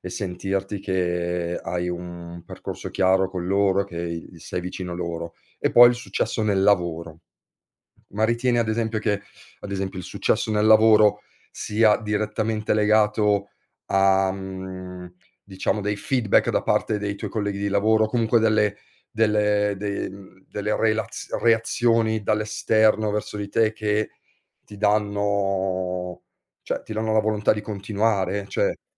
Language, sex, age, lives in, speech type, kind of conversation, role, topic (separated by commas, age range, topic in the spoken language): Italian, male, 40-44, Italy, conversation, podcast, host, Come definiresti il successo nella vita con parole semplici?